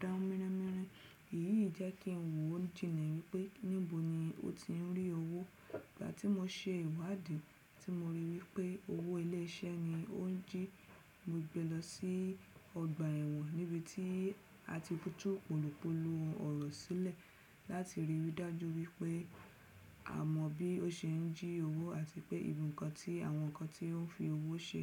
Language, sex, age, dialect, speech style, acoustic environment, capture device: Yoruba, female, 35-44, Nigeria - Lagos Yoruba, focused; relaxed, silence, desktop / Windows / Chrome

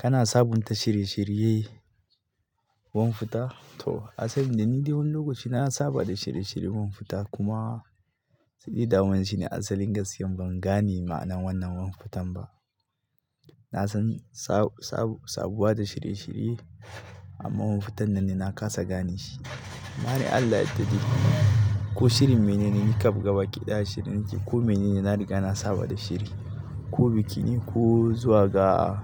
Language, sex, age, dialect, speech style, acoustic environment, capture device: Hausa, male, 25-34, Nigeria - Katsina, happy, people talking, mobile / Linux / Chrome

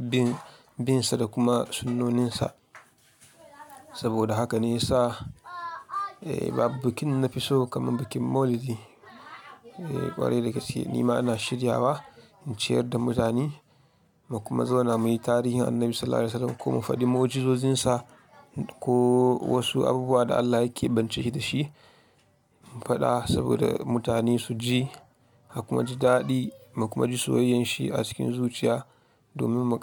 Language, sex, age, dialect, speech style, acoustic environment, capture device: Hausa, male, 25-34, Nigeria - Kano, relaxed, silence, mobile / Linux / Chrome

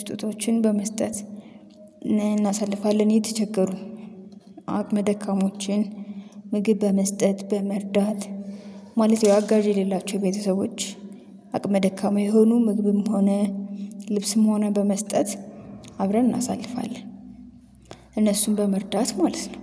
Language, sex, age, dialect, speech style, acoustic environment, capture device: Amharic, female, 25-34, Ethiopia - Addis Ababa, happy, nature, mobile / Linux / Chrome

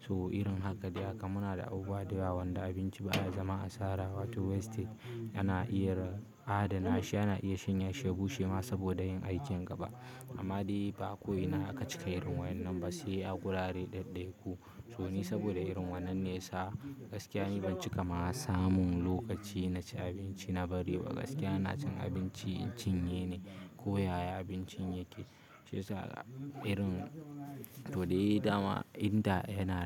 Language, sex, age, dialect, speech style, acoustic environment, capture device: Hausa, male, 18-24, Nigeria - Kano, stressed, silence, mobile / Linux / Chrome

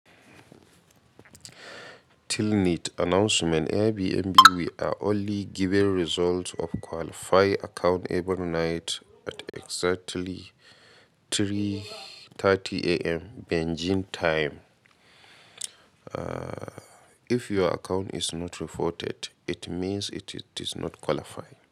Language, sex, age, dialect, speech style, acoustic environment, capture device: Hausa, male, 25-34, Nigeria - Katsina, happy, nature, mobile / Linux / Chrome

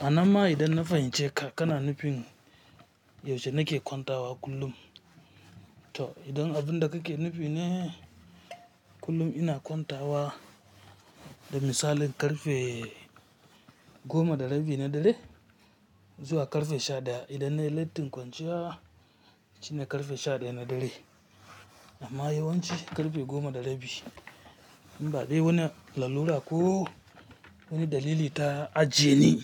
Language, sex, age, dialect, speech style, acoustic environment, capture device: Hausa, female, 25-34, Nigeria - Kano, happy; focused; relaxed, silence, mobile / Linux / Chrome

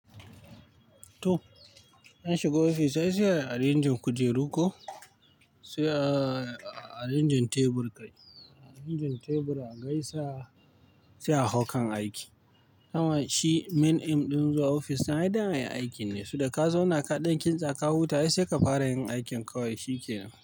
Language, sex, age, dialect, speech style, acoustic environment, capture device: Hausa, male, 25-34, Nigeria - Pidgin-influenced, tired, nature, mobile / Linux / Chrome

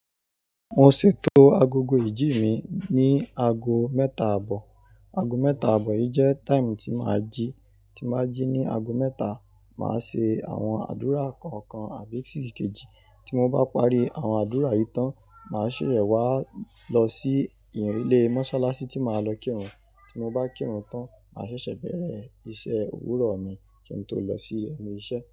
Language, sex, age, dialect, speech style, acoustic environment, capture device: Yoruba, male, 25-34, Nigeria - Ibadan, happy, nature, mobile / Linux / Chrome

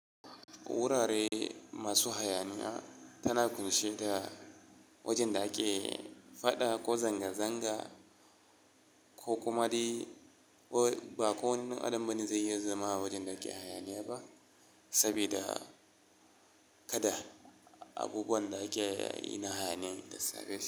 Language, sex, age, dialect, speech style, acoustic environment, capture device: Hausa, male, 25-34, Nigeria - Kano, happy, silence, mobile / Linux / Chrome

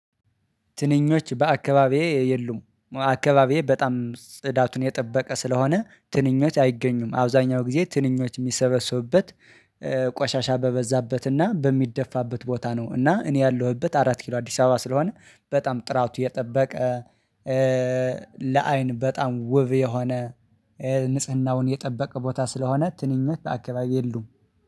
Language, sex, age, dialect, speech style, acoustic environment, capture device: Amharic, male, 25-34, Ethiopia - Addis Ababa, excited, silence, mobile / Linux / Chrome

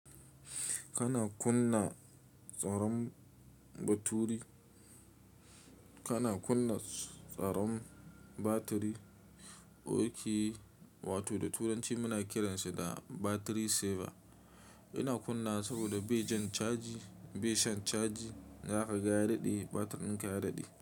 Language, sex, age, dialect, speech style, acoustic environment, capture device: Hausa, male, 25-34, Nigeria - Nigerian Standard, happy; focused, silence, mobile / Linux / Chrome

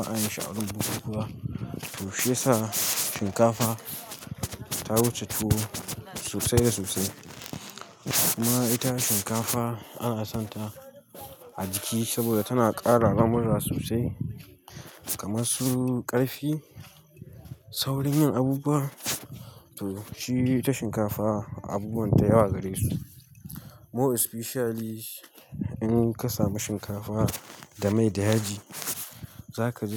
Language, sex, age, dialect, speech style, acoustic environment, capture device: Hausa, male, 18-24, Nigeria - Katsina, happy, silence, mobile / Linux / Chrome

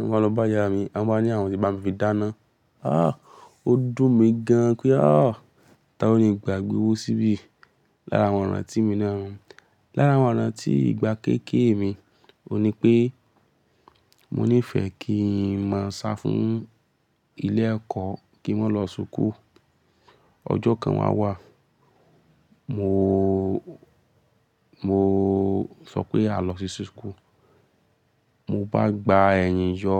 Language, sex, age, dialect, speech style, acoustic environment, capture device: Yoruba, male, 18-24, Nigeria - Lagos Yoruba, focused, silence, mobile / Linux / Chrome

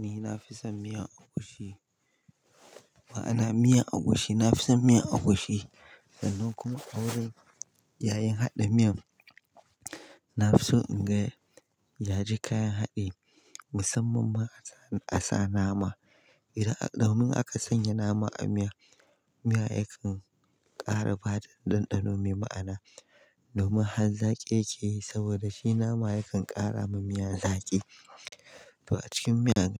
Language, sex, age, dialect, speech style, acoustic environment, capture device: Hausa, male, 25-34, Nigeria - Kano, happy, silence, mobile / Linux / Chrome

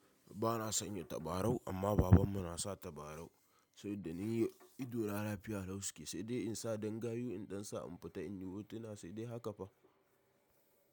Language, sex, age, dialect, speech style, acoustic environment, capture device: Hausa, male, 18-24, Nigeria - Kano, tired, people talking, mobile / macOS / Safari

